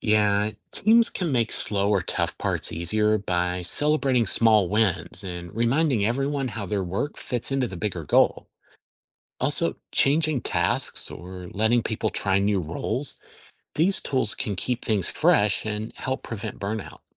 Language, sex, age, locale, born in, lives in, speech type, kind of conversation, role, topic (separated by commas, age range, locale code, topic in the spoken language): English, male, 50-54, en-US, United States, United States, read, expert-assistant, expert, How does teamwork help solve problems?